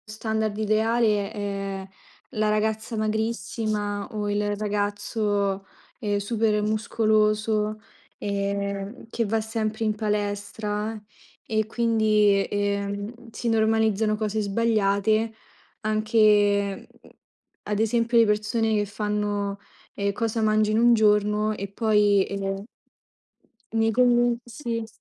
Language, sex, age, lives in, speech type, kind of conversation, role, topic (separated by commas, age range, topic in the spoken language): Italian, female, 20-24, Italy, conversation, unstructured, none, Cosa ne pensi della pressione sociale di dover essere sempre in forma?